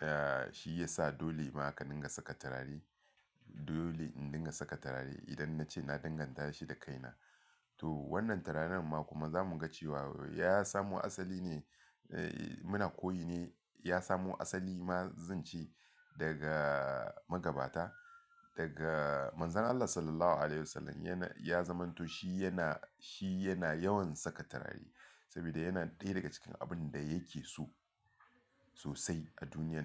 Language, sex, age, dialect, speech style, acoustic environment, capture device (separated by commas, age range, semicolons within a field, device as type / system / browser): Hausa, male, 35-44, Nigeria - Lagos Yoruba, relaxed, people talking, mobile / Linux / Chrome